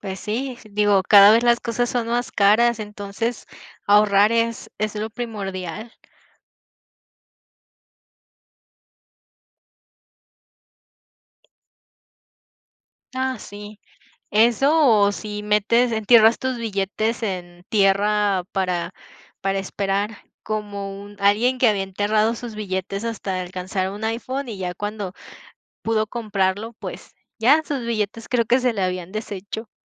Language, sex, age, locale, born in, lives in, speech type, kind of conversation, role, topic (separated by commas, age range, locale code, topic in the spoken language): Spanish, female, 20-24, es-MX, Mexico, Mexico, conversation, unstructured, none, ¿Cómo decides en qué gastar tu dinero cada mes?